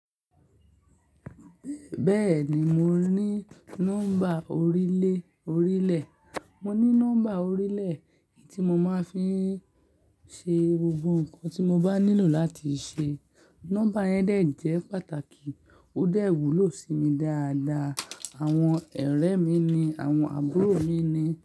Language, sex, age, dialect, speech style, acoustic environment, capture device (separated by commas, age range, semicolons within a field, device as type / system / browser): Yoruba, male, 18-24, Nigeria - Lagos Yoruba, relaxed; focused; happy, people talking; nature, mobile / Linux / Chrome